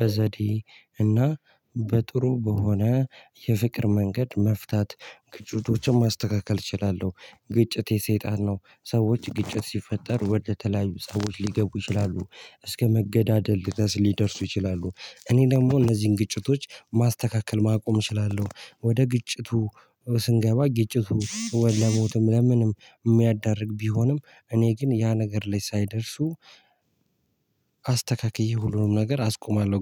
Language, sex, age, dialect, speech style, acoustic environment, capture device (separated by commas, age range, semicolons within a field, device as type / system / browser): Amharic, male, 18-24, Ethiopia - Addis Ababa, happy, people talking, mobile / Linux / Chrome